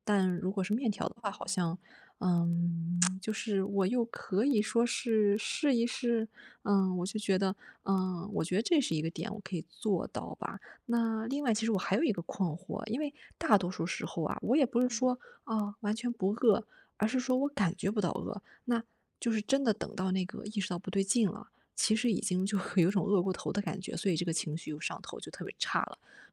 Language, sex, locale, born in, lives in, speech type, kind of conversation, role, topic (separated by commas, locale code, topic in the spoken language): Chinese, female, zh-CN, China, Germany, conversation, advice, user, 我怎样通过按时吃正餐来稳定情绪？